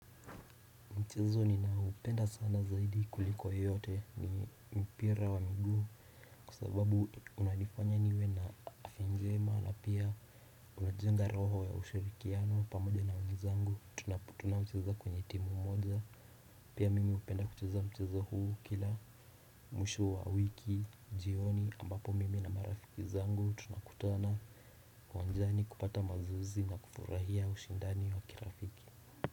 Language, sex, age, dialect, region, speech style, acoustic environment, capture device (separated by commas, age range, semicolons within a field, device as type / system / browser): Swahili, male, 18-24, Kenya - Nairobi Sheng-influenced, Kenya, relaxed, people talking; appliances; nature, desktop / Windows / Chrome